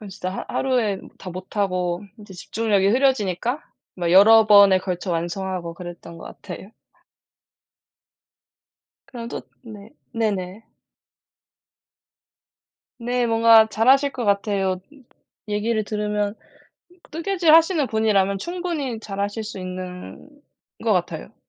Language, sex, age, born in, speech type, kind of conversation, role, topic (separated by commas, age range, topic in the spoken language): Korean, female, 20-24, South Korea, conversation, unstructured, none, 취미를 하면서 배운 것 중에서 가장 재미있었던 점은 무엇인가요?